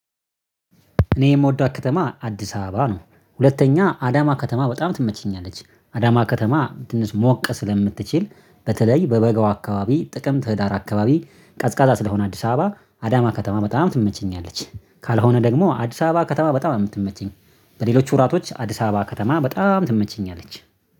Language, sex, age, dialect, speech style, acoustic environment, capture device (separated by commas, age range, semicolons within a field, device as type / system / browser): Amharic, male, 25-34, Ethiopia - Addis Ababa, happy, nature; silence, mobile / Linux / Chrome